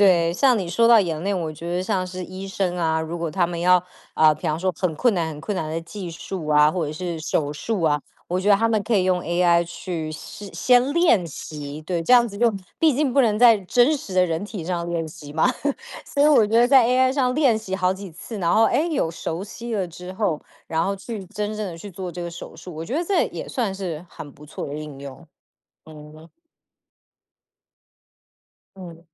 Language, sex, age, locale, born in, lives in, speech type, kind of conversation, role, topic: Chinese, female, 30-34, zh-TW, Taiwan, United States, conversation, unstructured, none, 虚拟现实会影响我们的学习吗？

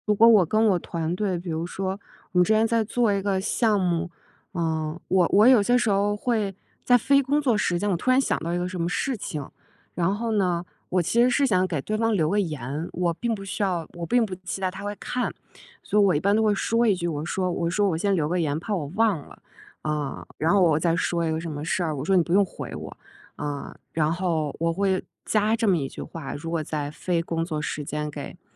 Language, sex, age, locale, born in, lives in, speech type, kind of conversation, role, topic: Chinese, female, 35-39, zh-CN, China, United States, conversation, podcast, guest, 你如何看待“必须马上回复”的社交压力？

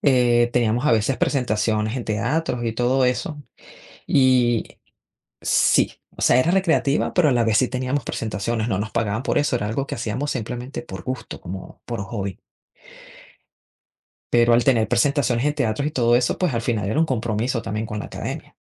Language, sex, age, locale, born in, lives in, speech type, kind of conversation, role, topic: Spanish, female, 40-44, es-VE, Venezuela, United States, conversation, unstructured, none, ¿Cómo puedes persuadir a alguien sin que se moleste?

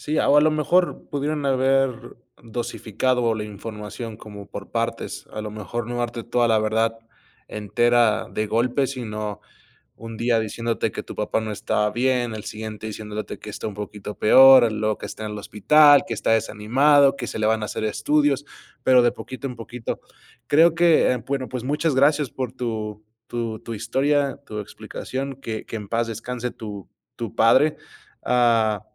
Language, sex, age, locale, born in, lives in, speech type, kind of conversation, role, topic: Spanish, male, 25-29, es-MX, Mexico, United States, conversation, unstructured, none, ¿Crees que a veces está bien mentir?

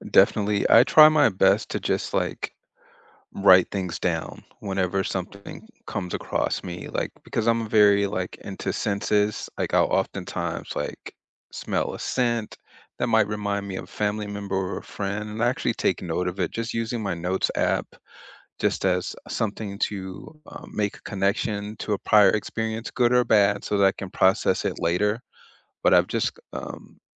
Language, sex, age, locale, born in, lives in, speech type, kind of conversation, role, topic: English, male, 40-44, en-US, United States, United States, conversation, unstructured, none, What simple rituals help you honor memories and keep meaningful connections alive?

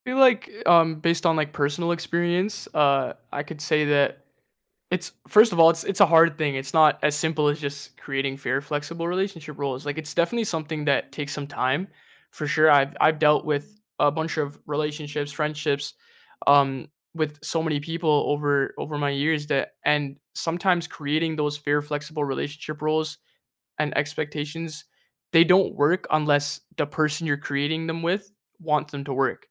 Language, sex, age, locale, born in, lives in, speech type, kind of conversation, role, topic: English, male, 20-24, en-CA, Canada, Canada, conversation, unstructured, none, How can you create fair, flexible relationship roles and expectations that strengthen your connection today?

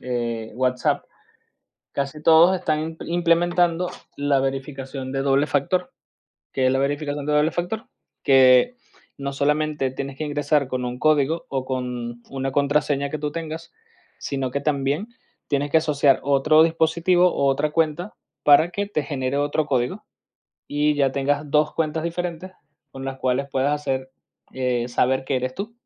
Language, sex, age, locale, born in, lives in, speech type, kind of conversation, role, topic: Spanish, male, 30-34, es-VE, Venezuela, Spain, conversation, podcast, guest, ¿Cómo te proteges de las estafas y los fraudes en línea?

